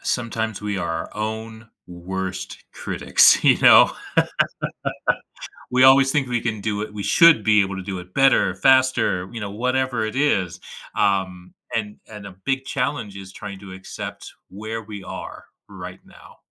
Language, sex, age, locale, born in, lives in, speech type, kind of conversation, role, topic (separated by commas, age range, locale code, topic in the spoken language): English, male, 35-39, en-US, United States, United States, conversation, advice, advisor, How can I balance work deadlines without sacrificing my personal life?